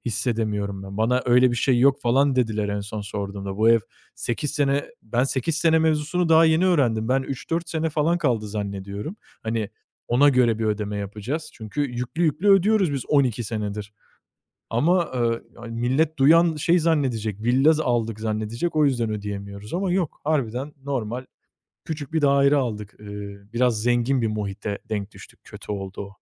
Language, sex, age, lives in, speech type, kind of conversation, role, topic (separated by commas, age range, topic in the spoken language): Turkish, male, 25-29, Italy, conversation, advice, user, Yetişkin bir çocuk olarak ailenize maddi destek sağlama baskısını nasıl yaşıyorsunuz?